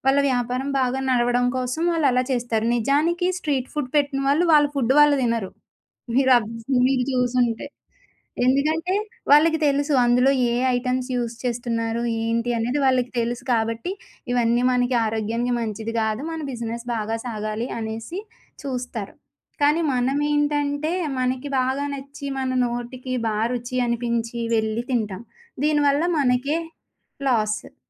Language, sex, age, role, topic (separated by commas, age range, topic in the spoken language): Telugu, female, 25-29, guest, మీకు ప్రత్యేకంగా గుర్తుండిపోయిన వీధి ఆహారం గురించి చెప్పగలరా?